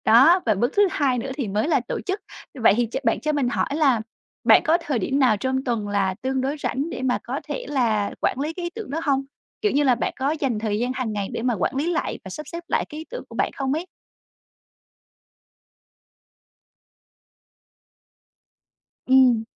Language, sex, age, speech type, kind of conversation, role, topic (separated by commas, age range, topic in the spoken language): Vietnamese, female, 25-29, conversation, advice, advisor, Làm sao để lưu trữ và tổ chức ý tưởng hiệu quả?